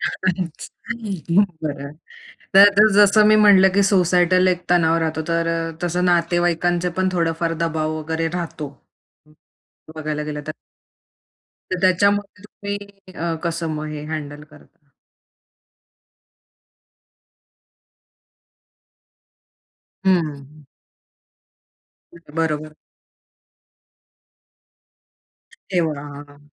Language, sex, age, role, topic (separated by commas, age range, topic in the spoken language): Marathi, female, 25-29, host, रोजच्या जीवनात साधेपणा कसा आणता येईल?